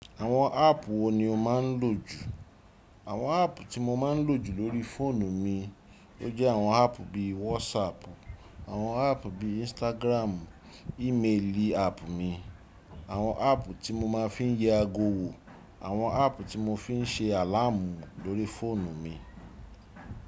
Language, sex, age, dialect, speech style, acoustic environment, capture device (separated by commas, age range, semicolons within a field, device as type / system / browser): Yoruba, male, 35-44, Nigeria - Lagos Yoruba, relaxed, silence, desktop / Windows / Chrome